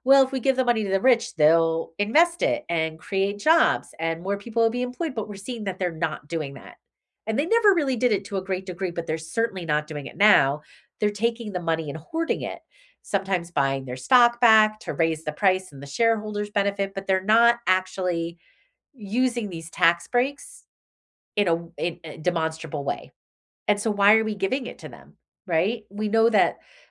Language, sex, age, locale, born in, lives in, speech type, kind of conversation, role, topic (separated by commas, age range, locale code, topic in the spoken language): English, female, 45-49, en-US, United States, United States, conversation, unstructured, none, What role should the government play in our daily lives?